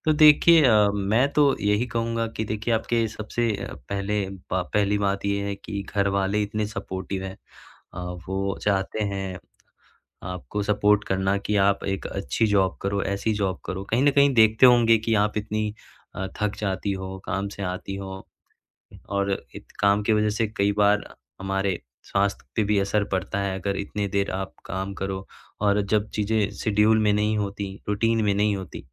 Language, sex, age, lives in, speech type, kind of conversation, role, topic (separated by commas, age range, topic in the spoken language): Hindi, male, 20-24, India, conversation, advice, advisor, मैं काम के लचीले समय या घर से काम करने की व्यवस्था की माँग अपने नियोक्ता से कैसे करूँ?